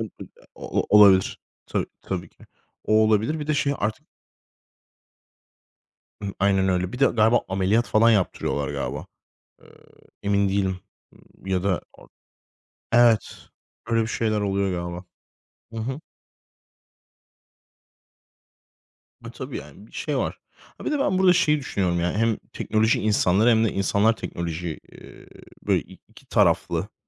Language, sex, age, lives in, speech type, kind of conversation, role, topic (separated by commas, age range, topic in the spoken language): Turkish, male, 25-29, Spain, conversation, unstructured, none, Geçmişteki büyük keşifler hayatımızı nasıl değiştirdi?